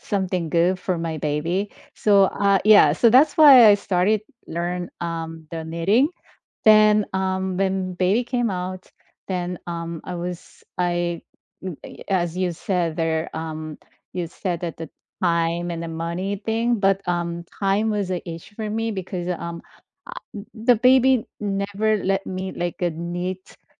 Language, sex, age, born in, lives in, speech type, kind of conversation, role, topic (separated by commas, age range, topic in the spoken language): English, female, 50-54, South Korea, United States, conversation, unstructured, none, What makes someone give up on a hobby they once loved?